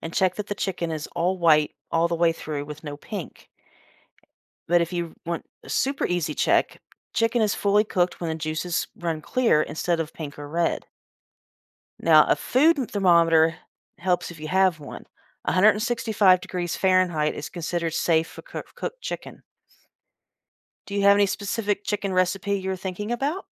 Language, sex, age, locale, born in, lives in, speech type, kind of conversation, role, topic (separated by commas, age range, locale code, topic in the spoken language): English, female, 50-54, en-US, United States, United States, read, expert-assistant, expert, How can learning to cook help you become more independent?